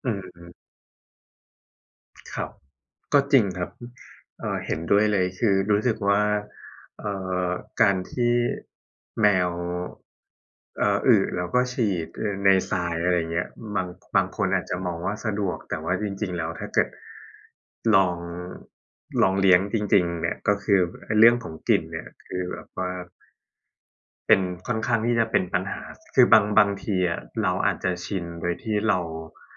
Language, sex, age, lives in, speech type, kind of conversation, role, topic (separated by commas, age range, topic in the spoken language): Thai, male, 30-34, Thailand, conversation, unstructured, none, เราควรเลือกสัตว์เลี้ยงอย่างไรให้เหมาะกับวิถีชีวิตของเรา?